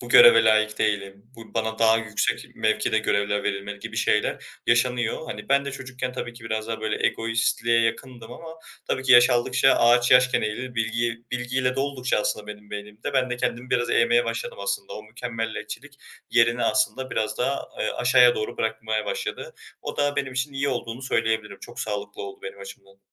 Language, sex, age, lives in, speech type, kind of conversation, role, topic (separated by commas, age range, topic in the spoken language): Turkish, male, 20-24, Germany, conversation, podcast, guest, Mükemmeliyetçilik seni durdurduğunda ne yaparsın?